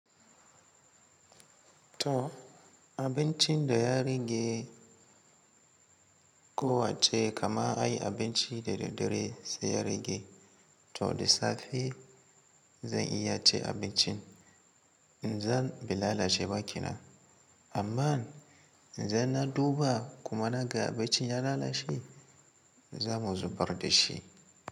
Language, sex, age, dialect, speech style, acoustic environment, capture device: Hausa, male, 18-24, Nigeria - Katsina, excited; relaxed, nature, mobile / Linux / Chrome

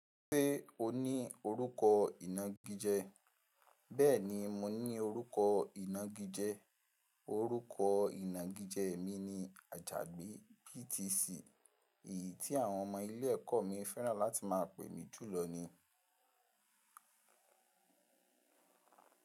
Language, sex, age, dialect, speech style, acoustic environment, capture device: Yoruba, male, 18-24, Nigeria - Ibadan, relaxed, appliances, mobile / Linux / Chrome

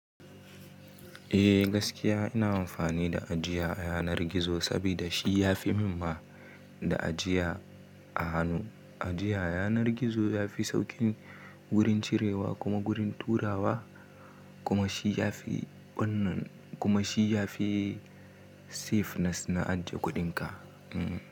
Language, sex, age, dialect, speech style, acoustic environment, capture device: Hausa, male, 18-24, Nigeria - Kano, happy; anxious, silence, mobile / Linux / Chrome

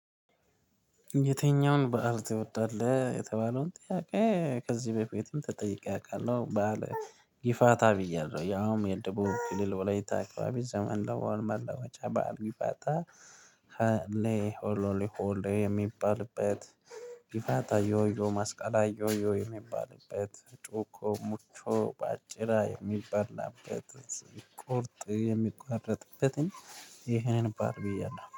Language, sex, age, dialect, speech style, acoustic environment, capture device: Amharic, male, 25-34, Ethiopia - Addis Ababa, happy, people talking, mobile / Linux / Chrome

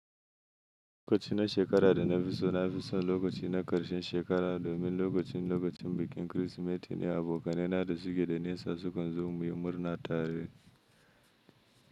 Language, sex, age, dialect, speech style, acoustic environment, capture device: Hausa, male, 25-34, Nigeria - Kano, happy, nature, mobile / Linux / Chrome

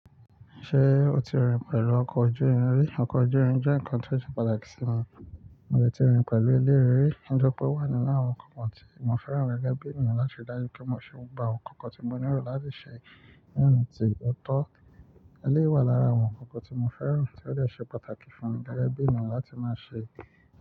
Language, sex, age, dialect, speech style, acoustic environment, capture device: Yoruba, male, 25-34, Nigeria - Nigerian Standard, focused, nature, mobile / Linux / Chrome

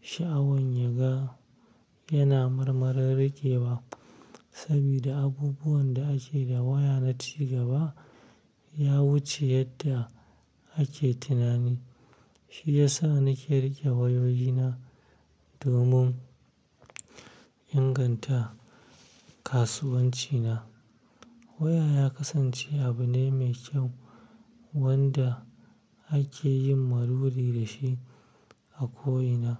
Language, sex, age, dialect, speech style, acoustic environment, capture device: Hausa, male, 25-34, Nigeria - Kano, happy; stressed, traffic; silence, mobile / Linux / Chrome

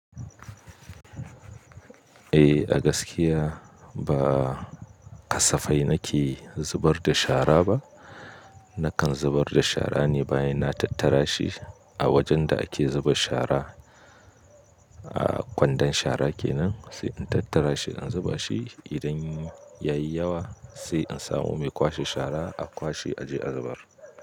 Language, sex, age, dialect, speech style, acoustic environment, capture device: Hausa, male, 25-34, Nigeria - Katsina, happy, nature, mobile / Linux / Chrome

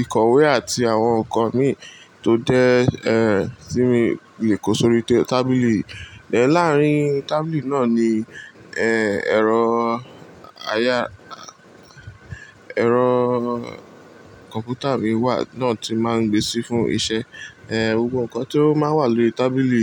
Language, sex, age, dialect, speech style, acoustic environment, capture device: Yoruba, male, 18-24, Nigeria - Lagos Yoruba, relaxed, appliances; people talking, mobile / Linux / Chrome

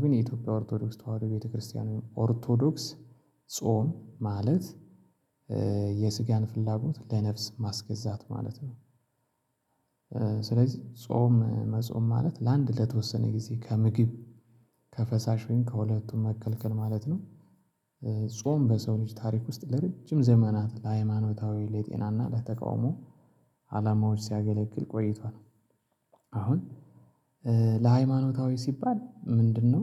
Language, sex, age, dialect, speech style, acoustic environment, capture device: Amharic, male, 35-44, Ethiopia - Addis Ababa, excited, silence, mobile / Linux / Chrome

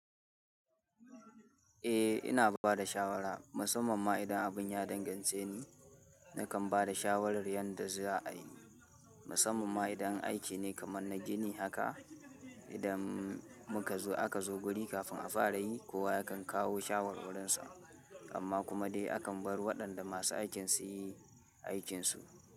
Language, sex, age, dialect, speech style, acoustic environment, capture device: Hausa, male, 18-24, Nigeria - Kano, happy; excited, people talking, mobile / Linux / Chrome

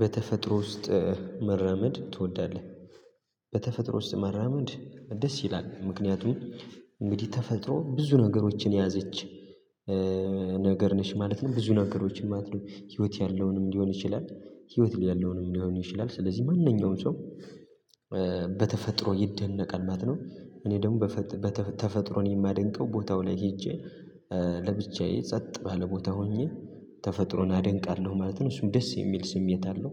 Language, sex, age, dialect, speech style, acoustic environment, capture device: Amharic, male, 18-24, Ethiopia - Gojjam, focused, silence, mobile / Linux / Chrome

